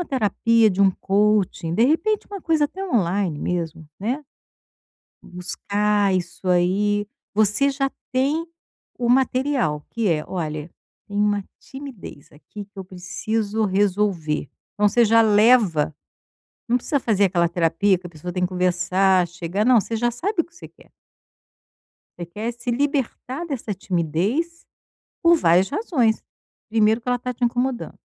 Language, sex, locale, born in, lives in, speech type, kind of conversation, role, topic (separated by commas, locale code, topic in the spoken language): Portuguese, female, pt-BR, Brazil, Portugal, conversation, advice, advisor, Como lidar com a ansiedade durante reuniões e celebrações sociais?